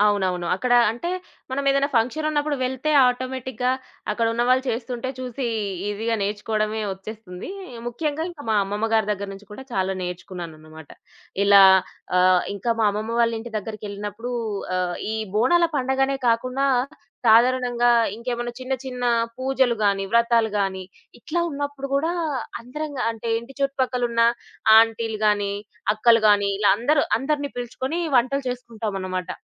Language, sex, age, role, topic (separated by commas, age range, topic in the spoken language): Telugu, female, 25-29, guest, పల్లెటూరి వంటకాలను అందరూ కలిసి వండుకున్న అనుభవాన్ని మీరు చెప్పగలరా?